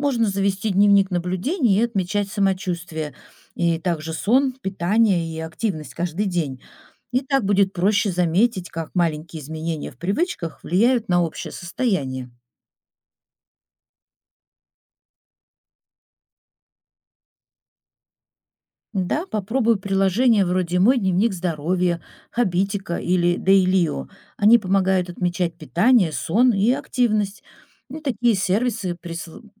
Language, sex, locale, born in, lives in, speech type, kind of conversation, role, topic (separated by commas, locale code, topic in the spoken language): Russian, female, ru-RU, Russia, Italy, read, expert-assistant, expert, Какие способы помогают лучше понять, как работает наше тело?